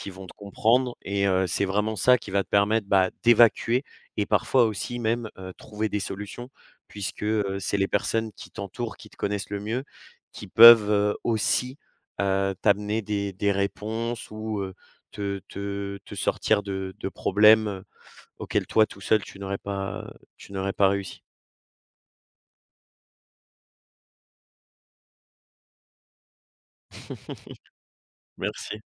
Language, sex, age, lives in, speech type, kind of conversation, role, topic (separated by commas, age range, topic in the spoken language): French, male, 20-24, France, conversation, podcast, guest, Comment gères-tu ton stress au quotidien ?